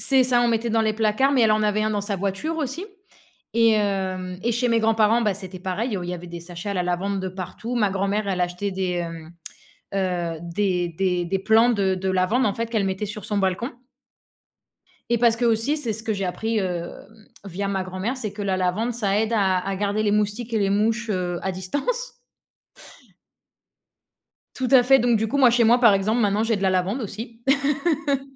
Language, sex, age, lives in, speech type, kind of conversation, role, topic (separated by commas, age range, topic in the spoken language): French, female, 25-29, Spain, conversation, unstructured, none, As-tu une odeur qui te rappelle un moment spécial ?